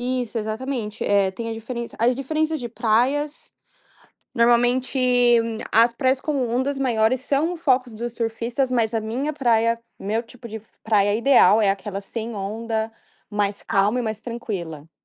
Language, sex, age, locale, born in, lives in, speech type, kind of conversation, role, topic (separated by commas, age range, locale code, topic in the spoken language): Portuguese, female, 20-24, pt-BR, Brazil, United States, conversation, podcast, guest, Qual foi a sua primeira experiência marcante na praia?